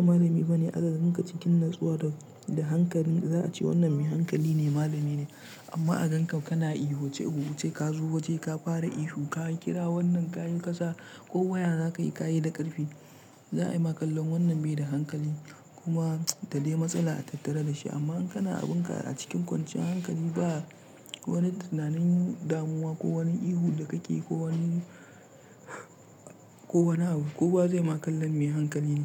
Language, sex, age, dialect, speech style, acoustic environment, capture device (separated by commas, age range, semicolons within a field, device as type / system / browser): Hausa, male, 25-34, Nigeria - Nigerian Standard, relaxed; tired; stressed; focused, silence; nature, mobile / Linux / Chrome